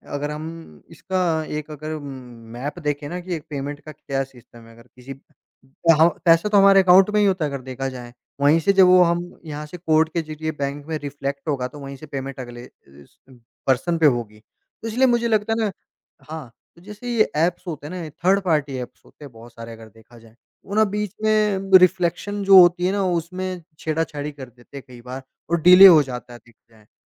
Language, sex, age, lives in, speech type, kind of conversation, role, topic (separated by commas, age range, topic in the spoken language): Hindi, male, 45-49, India, conversation, podcast, guest, आपके मुताबिक डिजिटल भुगतान के फायदे और परेशानियाँ क्या हैं?